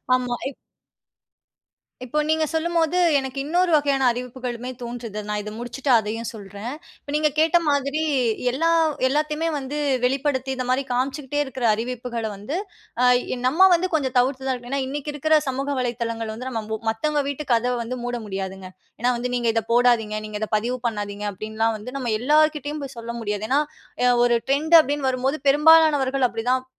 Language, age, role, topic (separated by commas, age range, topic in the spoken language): Tamil, 30-34, guest, அறிவிப்புகளை குறைத்தால் உங்கள் வாழ்க்கை எப்படி மாறும்?